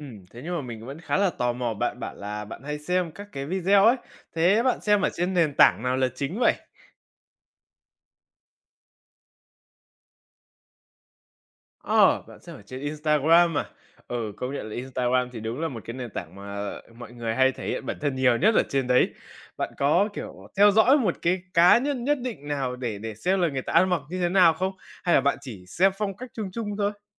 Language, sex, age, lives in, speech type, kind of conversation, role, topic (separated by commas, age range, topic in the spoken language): Vietnamese, male, 20-24, Vietnam, conversation, podcast, host, Bạn nghĩ sao về việc dùng mạng xã hội để tìm phong cách?